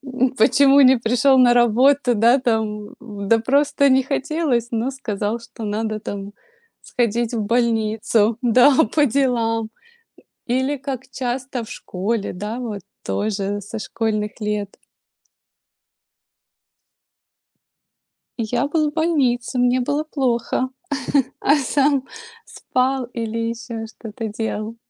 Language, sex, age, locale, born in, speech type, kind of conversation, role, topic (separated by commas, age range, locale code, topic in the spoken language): Russian, female, 35-39, ru-RU, Ukraine, conversation, unstructured, none, Можно ли оправдать ложь во имя добра?